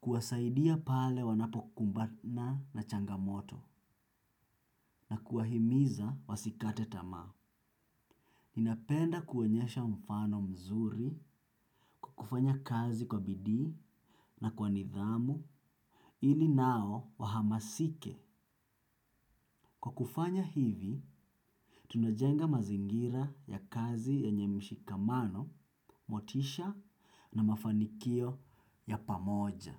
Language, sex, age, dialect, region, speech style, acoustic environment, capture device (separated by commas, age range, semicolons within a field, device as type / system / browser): Swahili, male, 25-34, Kenya - Mombasa, Kenya, relaxed; focused, silence, desktop / Windows / Chrome